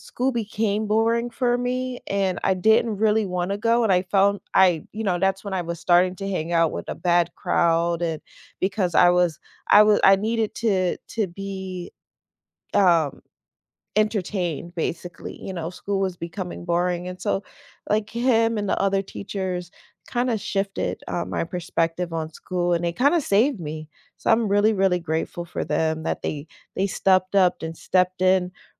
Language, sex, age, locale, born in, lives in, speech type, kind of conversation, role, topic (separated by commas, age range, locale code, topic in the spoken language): English, female, 40-44, en-US, United States, United States, conversation, unstructured, none, Which class surprised you in a good way, and how did it change your perspective?